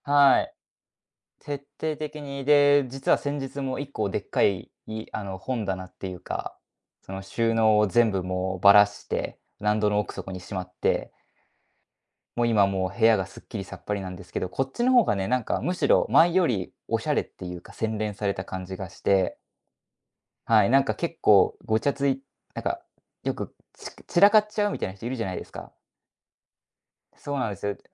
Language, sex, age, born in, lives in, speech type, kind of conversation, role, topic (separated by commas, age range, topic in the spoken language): Japanese, male, 20-24, Japan, Japan, conversation, podcast, guest, 暮らしをシンプルにするために、あなたが続けている小さな習慣は何ですか？